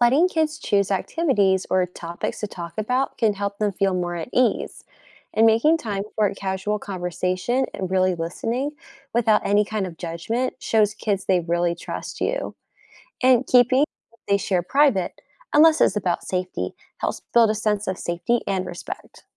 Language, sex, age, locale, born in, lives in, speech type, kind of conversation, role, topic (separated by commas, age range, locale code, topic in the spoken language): English, female, 20-24, en-US, United States, United States, read, expert-assistant, expert, How does engaging in community service foster empathy?